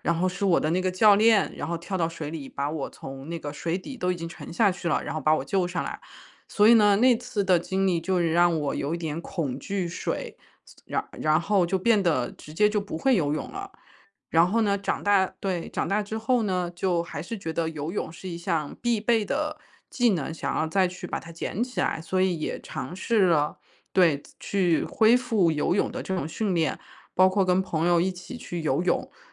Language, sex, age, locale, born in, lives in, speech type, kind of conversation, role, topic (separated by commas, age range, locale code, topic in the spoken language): Chinese, female, 35-39, zh-CN, China, United States, conversation, advice, user, 过去的失败经历如何让你害怕再次尝试新技能或爱好？